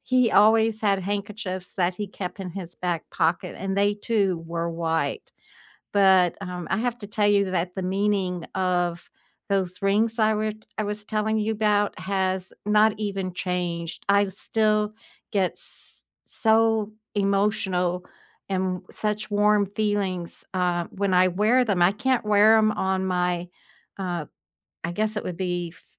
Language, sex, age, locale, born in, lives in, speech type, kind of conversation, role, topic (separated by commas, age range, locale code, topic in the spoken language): English, female, 70-74, en-US, United States, United States, conversation, unstructured, none, Which keepsake do you treasure most, and what story does it hold for you?